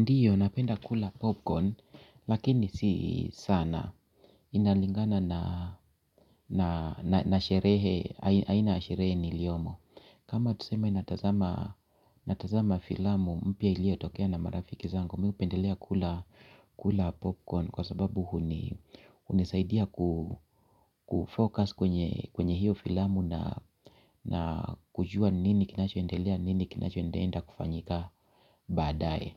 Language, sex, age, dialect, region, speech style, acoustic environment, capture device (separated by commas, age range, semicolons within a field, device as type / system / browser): Swahili, male, 45-54, Kenya - Nairobi Sheng-influenced, Kenya, happy, silence, mobile / Linux / Chrome